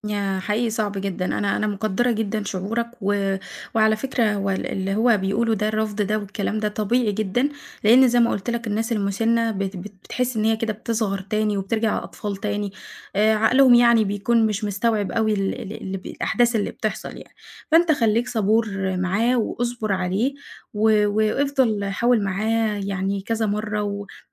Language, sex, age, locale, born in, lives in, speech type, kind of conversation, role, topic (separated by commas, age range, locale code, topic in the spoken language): Arabic, female, 20-24, ar-EG, Egypt, Egypt, conversation, advice, advisor, إزاي أوازن بين رعاية حد من والديّ الكبار في السن وبين شغلي؟